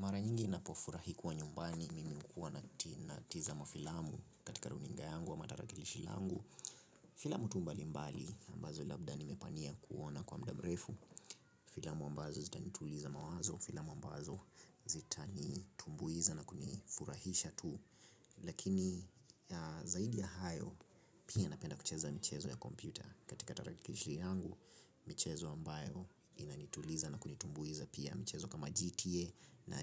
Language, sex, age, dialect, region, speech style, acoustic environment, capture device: Swahili, male, 25-34, Kenya - Nairobi Sheng-influenced, Kenya, relaxed, construction, mobile / Linux / Chrome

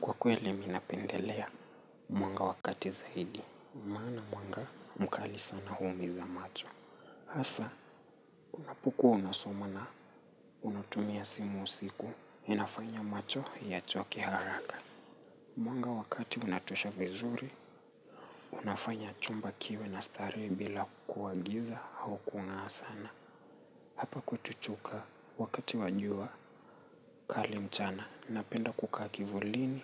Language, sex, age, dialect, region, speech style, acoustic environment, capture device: Swahili, male, 25-34, Kenya - Nairobi Sheng-influenced, Kenya, relaxed, nature; people talking, mobile / Linux / Chrome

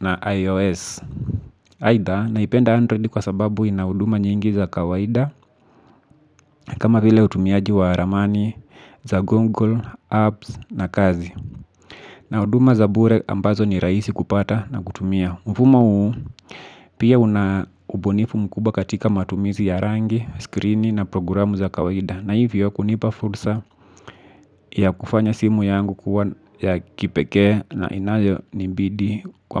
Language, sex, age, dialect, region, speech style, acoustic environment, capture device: Swahili, male, 25-34, Kenya - Nairobi Sheng-influenced, Kenya, relaxed, silence, mobile / Linux / Chrome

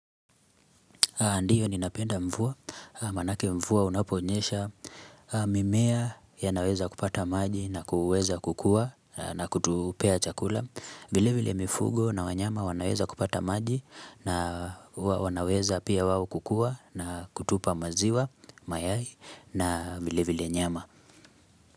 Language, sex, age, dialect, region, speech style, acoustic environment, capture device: Swahili, male, 25-34, Kenya - Nairobi Sheng-influenced, Kenya, relaxed; focused, silence, mobile / Linux / Chrome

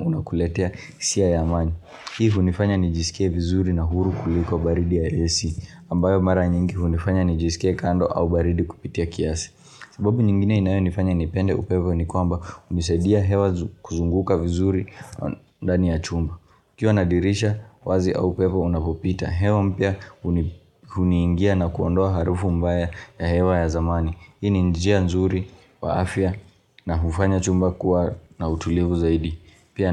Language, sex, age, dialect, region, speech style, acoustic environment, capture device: Swahili, male, 18-24, Kenya - Nairobi Sheng-influenced, Kenya, happy, silence, mobile / Linux / Chrome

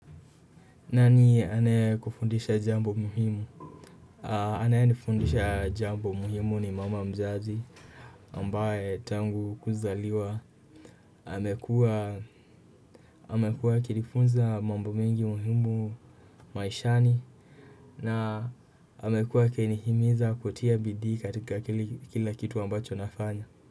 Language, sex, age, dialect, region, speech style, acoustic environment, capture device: Swahili, male, 18-24, Kenya - Kiambu, Kenya, nervous, nature, desktop / Windows / Chrome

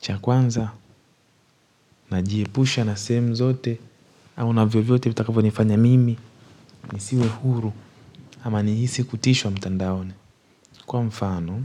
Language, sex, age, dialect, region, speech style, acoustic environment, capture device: Swahili, male, 18-24, Tanzania - Dar es Salaam, Tanzania, happy; focused, nature; silence, mobile / Linux / Chrome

